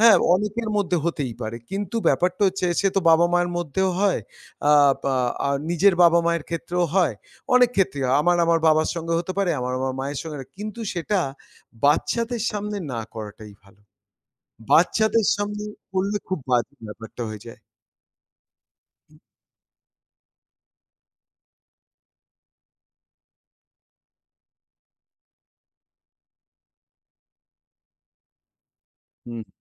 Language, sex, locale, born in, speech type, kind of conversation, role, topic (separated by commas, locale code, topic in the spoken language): Bengali, male, bn-IN, India, conversation, podcast, guest, ভাই-বোনদের মধ্যে জমে থাকা পুরনো রাগ মিটিয়ে সম্পর্ক ঠিক করার সবচেয়ে ভালো উপায় কী?